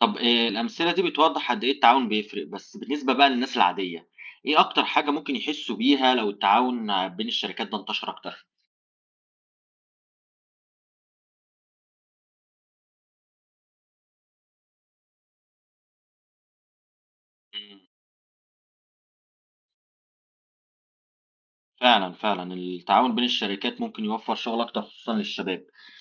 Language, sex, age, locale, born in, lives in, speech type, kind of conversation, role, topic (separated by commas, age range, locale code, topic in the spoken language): Arabic, male, 30-34, ar-EG, Egypt, Germany, read, expert-assistant, asker, لو الشركات المصرية بدأت تبيع أكتر برا، ده ممكن يغير في حياتنا إزاي؟